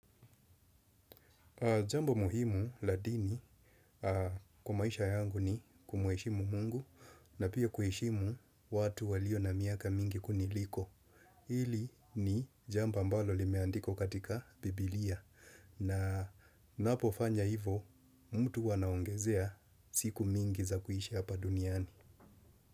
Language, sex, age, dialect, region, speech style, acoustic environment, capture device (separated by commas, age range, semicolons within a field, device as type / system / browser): Swahili, male, 35-44, Kenya - Mombasa, Kenya, nervous; excited, nature; people talking, desktop / Windows / Chrome